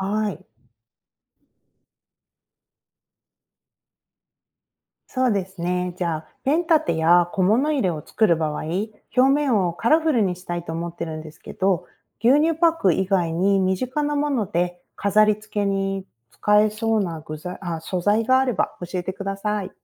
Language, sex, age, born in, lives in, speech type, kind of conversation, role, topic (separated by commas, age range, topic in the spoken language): Japanese, female, 40-44, Japan, United States, read, expert-assistant, asker, 牛乳パックで作る簡単な貯金箱の作り方を説明してください